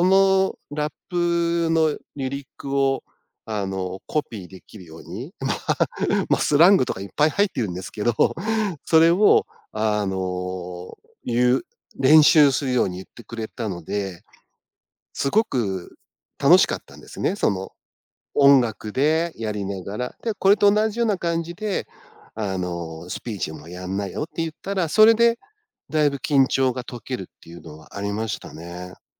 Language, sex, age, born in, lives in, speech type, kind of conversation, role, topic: Japanese, male, 50-54, Japan, Japan, conversation, podcast, guest, 初めて人前で何かを表現したときのことを聞かせてくれますか？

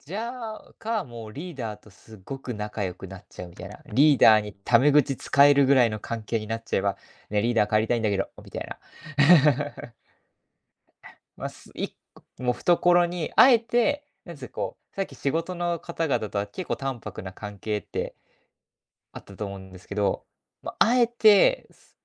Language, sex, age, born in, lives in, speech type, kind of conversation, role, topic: Japanese, male, 20-24, Japan, Japan, conversation, advice, advisor, 人に合わせ過ぎて本音を言えないのは、どうすれば改善できますか？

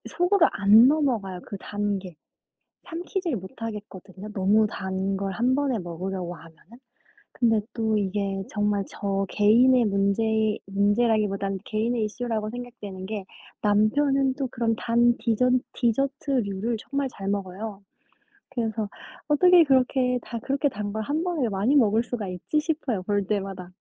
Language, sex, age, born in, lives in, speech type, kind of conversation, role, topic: Korean, female, 25-29, South Korea, United States, conversation, unstructured, none, 단 음식과 짠 음식 중 어느 쪽을 더 좋아하시나요?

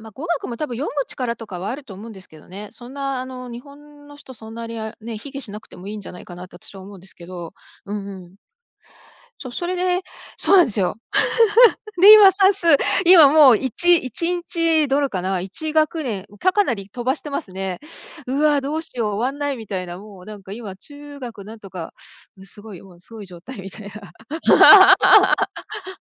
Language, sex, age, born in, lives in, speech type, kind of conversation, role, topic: Japanese, female, 55-59, Japan, United States, conversation, podcast, guest, 最近、何か学び直したことはありますか？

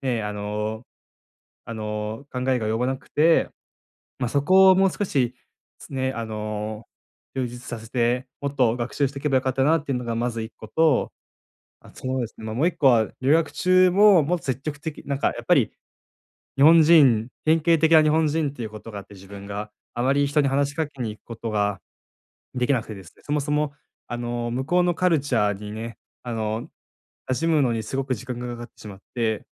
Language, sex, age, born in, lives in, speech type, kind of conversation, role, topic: Japanese, male, 20-24, Japan, Japan, conversation, advice, user, 失敗から何を学び、次にどう方向転換すべきですか？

